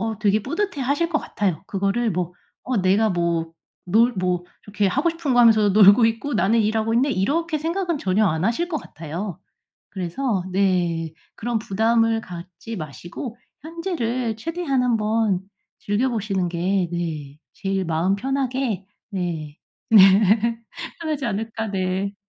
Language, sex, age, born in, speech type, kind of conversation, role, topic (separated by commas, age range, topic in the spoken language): Korean, female, 35-39, South Korea, conversation, advice, advisor, 가치가 충돌할 때 어떻게 결정을 내려야 하나요?